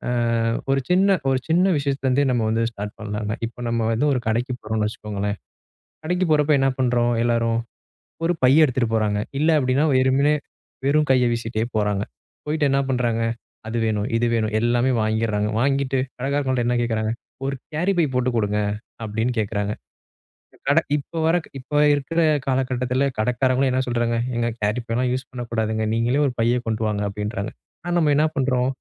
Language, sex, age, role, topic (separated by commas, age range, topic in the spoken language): Tamil, male, 25-29, guest, இயற்கையை பாதிக்காமல் இருக்க நீங்கள் என்ன செய்வீர்கள்?